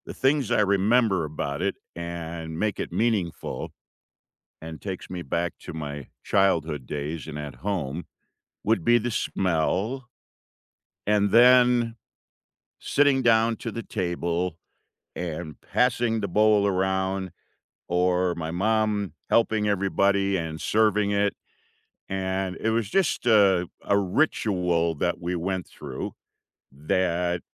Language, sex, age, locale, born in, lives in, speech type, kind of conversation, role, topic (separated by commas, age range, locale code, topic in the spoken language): English, male, 65-69, en-US, United States, United States, conversation, unstructured, none, What comfort meal makes you feel at home, and what memories make it meaningful to you?